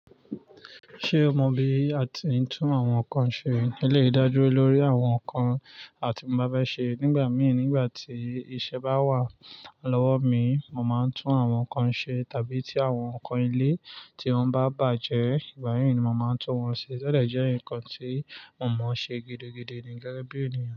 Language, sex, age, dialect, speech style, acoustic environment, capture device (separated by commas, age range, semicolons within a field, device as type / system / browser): Yoruba, male, 25-34, Nigeria - Nigerian Standard, relaxed, nature, mobile / Linux / Chrome